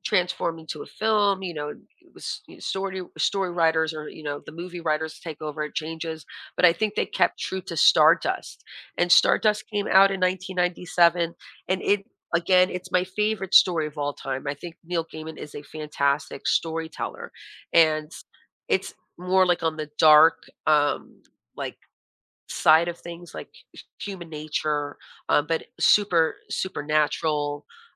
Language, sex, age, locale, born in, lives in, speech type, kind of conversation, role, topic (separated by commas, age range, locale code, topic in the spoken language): English, female, 40-44, en-US, United States, United States, conversation, podcast, guest, How does storytelling shape our understanding and emotional connection to movies and books?